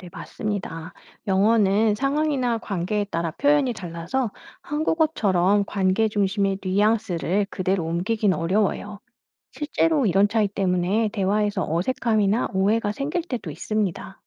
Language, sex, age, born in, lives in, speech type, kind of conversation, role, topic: Korean, female, 45-49, South Korea, France, read, expert-assistant, expert, 왜 한국어에는 '우리'라는 표현이 자주 쓰일까요? 다른 언어와 비교하면 어떤 문화적 차이가 있을까요?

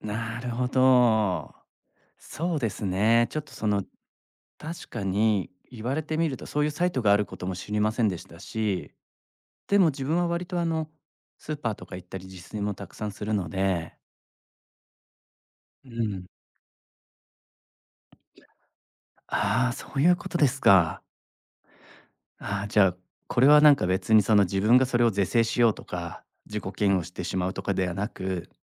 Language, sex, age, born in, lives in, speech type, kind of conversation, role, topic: Japanese, male, 35-39, Japan, Japan, conversation, advice, user, 友人と比べて自分の支出が無駄に思えて自己嫌悪してしまうのはなぜですか？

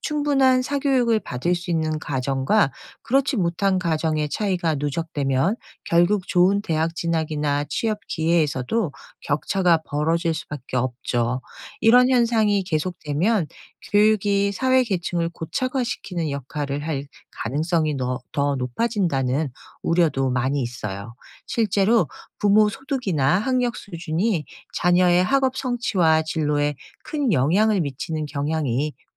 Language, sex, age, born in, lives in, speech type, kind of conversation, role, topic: Korean, female, 50-54, South Korea, United States, read, expert-assistant, expert, 왜 한국에서는 사교육이 이렇게 당연한 현상이 되었을까요?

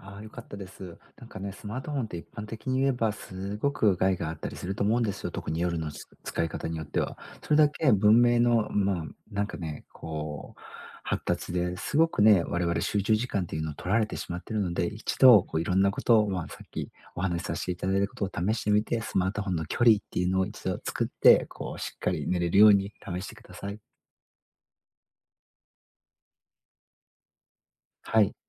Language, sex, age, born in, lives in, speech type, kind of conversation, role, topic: Japanese, male, 40-44, Japan, Japan, conversation, advice, advisor, 就寝前に心を落ち着ける習慣は何から始めればいいですか？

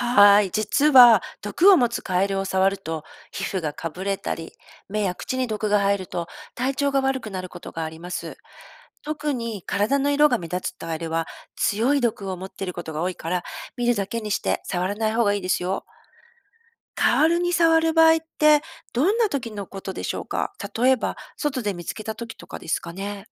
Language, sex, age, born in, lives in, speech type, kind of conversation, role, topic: Japanese, female, 50-54, Japan, Japan, read, expert-assistant, expert, どうしてカエルはジャンプが得意なのでしょうか？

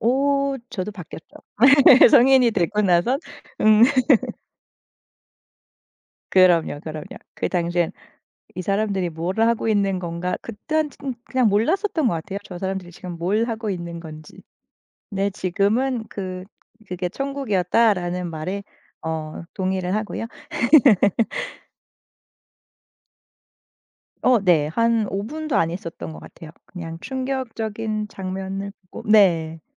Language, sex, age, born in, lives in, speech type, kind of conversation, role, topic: Korean, female, 35-39, South Korea, Germany, conversation, podcast, guest, 처음 가본 곳에서 깜짝 놀란 경험이 있으신가요?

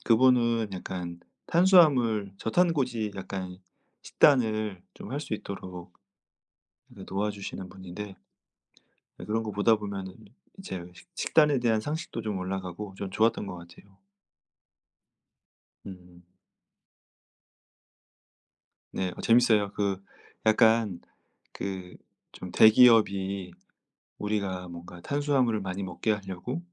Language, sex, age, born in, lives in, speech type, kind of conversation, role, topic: Korean, male, 35-39, South Korea, France, conversation, unstructured, none, 건강한 식습관은 운동 효과에 어떤 영향을 미칠까요?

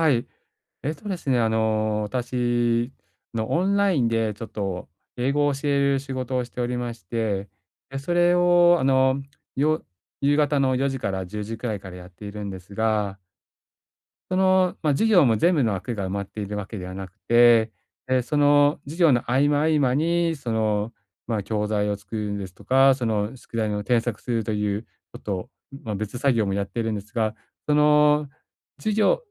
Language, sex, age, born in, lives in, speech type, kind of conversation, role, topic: Japanese, male, 45-49, Japan, Japan, conversation, advice, user, 中断後にすぐ集中し直すコツは何ですか？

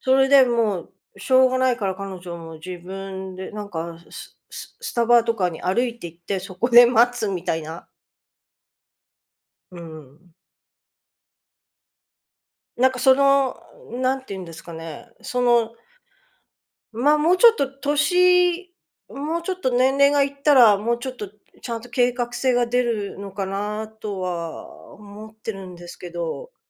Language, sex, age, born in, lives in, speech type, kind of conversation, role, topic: Japanese, female, 55-59, Japan, United States, conversation, advice, user, 大きな買い物のための貯金計画を立てたいのですが、どう進めればよいでしょうか？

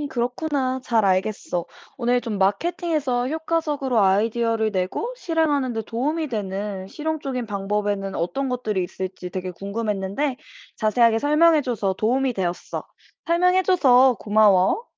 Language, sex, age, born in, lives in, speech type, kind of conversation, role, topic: Korean, female, 25-29, South Korea, United States, read, expert-assistant, asker, 마케팅에서 효과적으로 아이디어를 내고 실행하는 데 도움이 되는 실용적인 방법은 무엇이 있을까요?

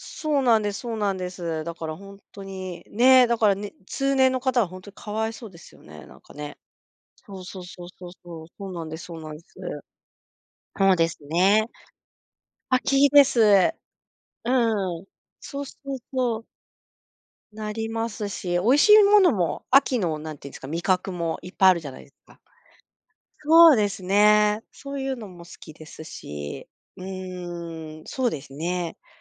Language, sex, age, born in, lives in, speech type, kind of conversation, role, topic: Japanese, female, 45-49, Japan, Japan, conversation, unstructured, none, 好きな季節はいつですか？理由も教えてください。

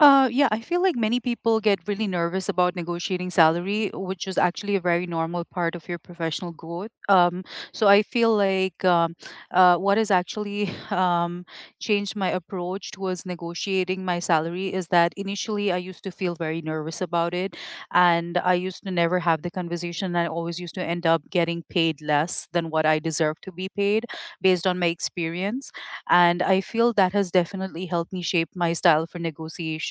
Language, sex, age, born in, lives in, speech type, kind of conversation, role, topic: English, female, 35-39, United States, United States, conversation, unstructured, none, How do you approach negotiating your salary, and what experiences have shaped your style?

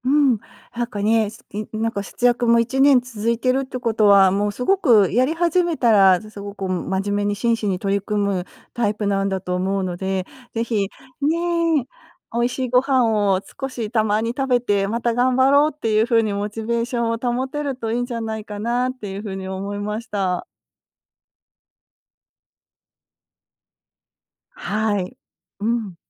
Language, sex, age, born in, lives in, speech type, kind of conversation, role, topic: Japanese, female, 50-54, Japan, United States, conversation, advice, advisor, 節約のために楽しみを我慢しているせいでモチベーションが下がってしまったのですが、どうすればよいですか？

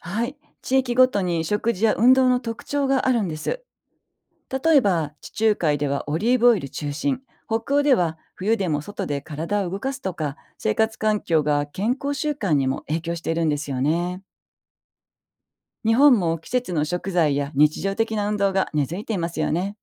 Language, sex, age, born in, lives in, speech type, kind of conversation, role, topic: Japanese, female, 55-59, Japan, Japan, read, expert-assistant, expert, 海外の人と健康について話すとき、相手の考え方を知るコツはありますか？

